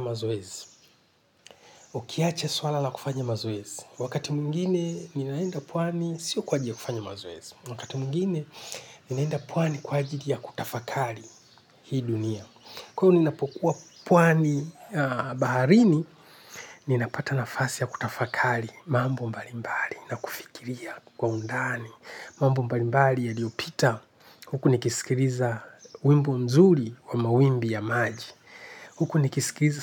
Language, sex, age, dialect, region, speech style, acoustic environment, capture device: Swahili, male, 45-54, Kenya - Nairobi Sheng-influenced, Kenya, relaxed, people talking; music, desktop / Linux / Chrome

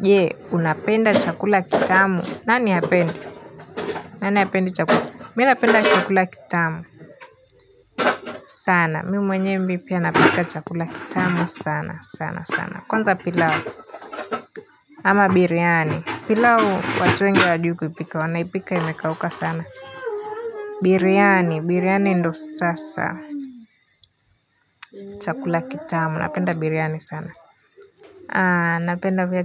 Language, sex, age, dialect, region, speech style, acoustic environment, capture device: Swahili, female, 18-24, Kenya - Nairobi Sheng-influenced, Kenya, happy, people talking; silence; nature, mobile / Linux / Chrome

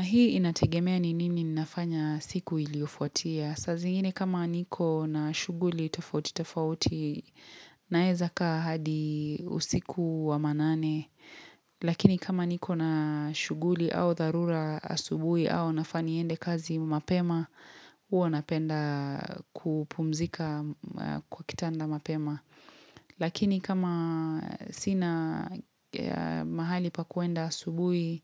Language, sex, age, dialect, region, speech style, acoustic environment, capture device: Swahili, female, 25-34, Kenya - Mombasa, Kenya, relaxed; happy; focused; excited, silence, mobile / Linux / Chrome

